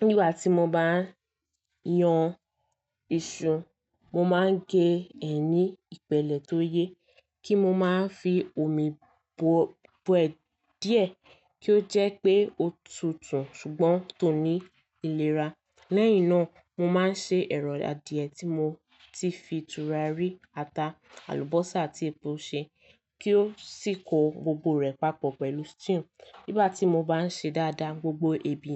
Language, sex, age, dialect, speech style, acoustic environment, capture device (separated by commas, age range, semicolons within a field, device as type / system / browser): Yoruba, female, 18-24, Nigeria - Lagos Yoruba, relaxed, silence, mobile / Linux / Chrome